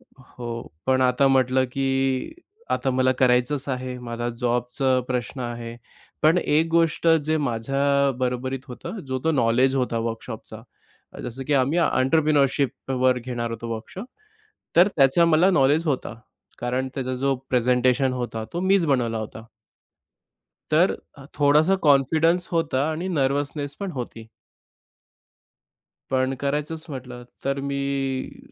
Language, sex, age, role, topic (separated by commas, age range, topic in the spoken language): Marathi, male, 25-29, guest, तुमची आत्मशिक्षणाची सुरुवात कशी झाली?